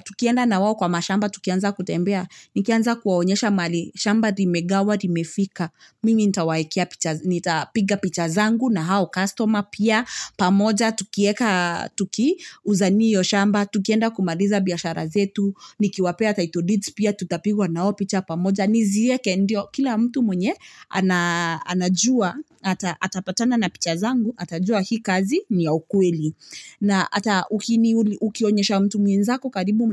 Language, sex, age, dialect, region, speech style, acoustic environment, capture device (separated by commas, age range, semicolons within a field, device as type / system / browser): Swahili, female, 25-34, Kenya - Nairobi Sheng-influenced, Kenya, happy, silence, mobile / Linux / Chrome